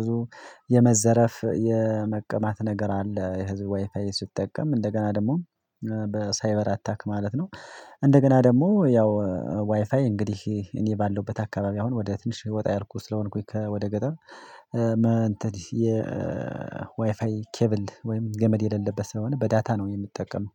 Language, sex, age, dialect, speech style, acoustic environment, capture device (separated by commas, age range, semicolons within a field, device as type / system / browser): Amharic, male, 25-34, Ethiopia - Addis Ababa, excited, silence, mobile / Linux / Chrome